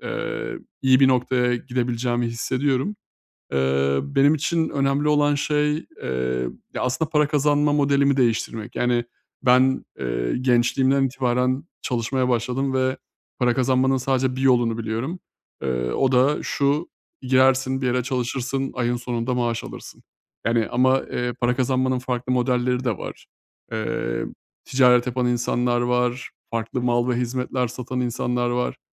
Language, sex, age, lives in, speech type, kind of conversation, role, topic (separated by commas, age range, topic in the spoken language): Turkish, male, 30-34, Bulgaria, conversation, advice, user, Günlük işimde daha fazla anlam ve amaç nasıl bulabilirim?